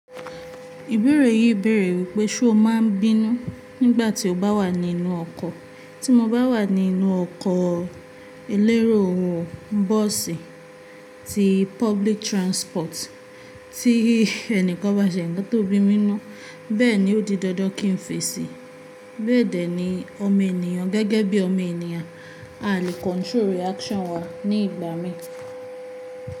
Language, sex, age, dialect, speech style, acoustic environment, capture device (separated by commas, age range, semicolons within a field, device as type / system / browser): Yoruba, female, 18-24, Nigeria - Ibadan, focused; relaxed, appliances, mobile / Linux / Chrome